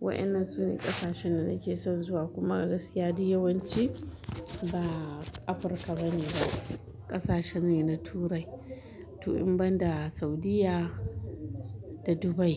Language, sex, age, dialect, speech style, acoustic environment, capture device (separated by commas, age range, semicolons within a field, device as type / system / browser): Hausa, female, 35-44, Nigeria - Kano, happy, nature, mobile / Linux / Chrome